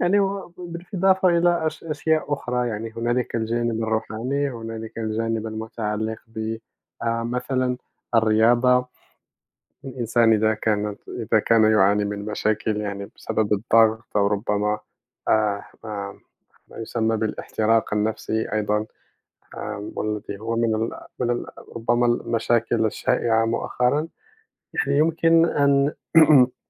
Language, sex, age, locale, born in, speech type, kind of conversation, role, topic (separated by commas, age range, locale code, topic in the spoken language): Arabic, male, 30-34, ar-MA, Morocco, conversation, unstructured, none, إيه اللي بيلهمك إنك تحقق طموحاتك؟